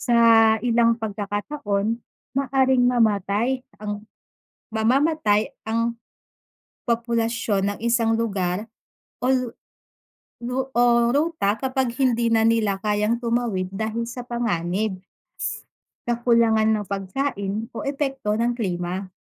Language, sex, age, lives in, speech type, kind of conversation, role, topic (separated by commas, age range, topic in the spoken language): Filipino, female, 30-34, Philippines, read, expert-assistant, expert, Bakit may mga hayop na naglalakbay ng malalayong distansya taon-taon?